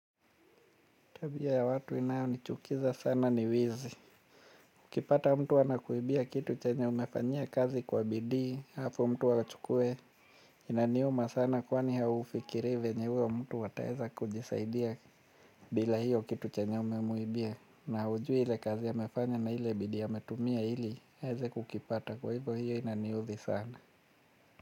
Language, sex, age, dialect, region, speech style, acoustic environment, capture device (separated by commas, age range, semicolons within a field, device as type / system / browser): Swahili, male, 35-44, Kenya - Mombasa, Kenya, anxious, nature, mobile / Linux / Chrome